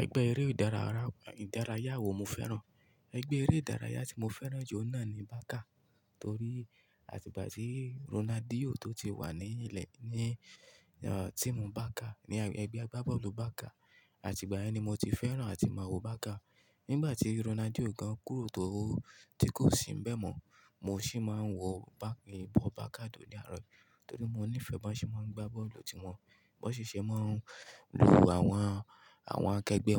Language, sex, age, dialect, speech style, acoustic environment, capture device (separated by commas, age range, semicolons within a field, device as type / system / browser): Yoruba, male, 25-34, Nigeria - Ibadan, focused, nature, mobile / Linux / Chrome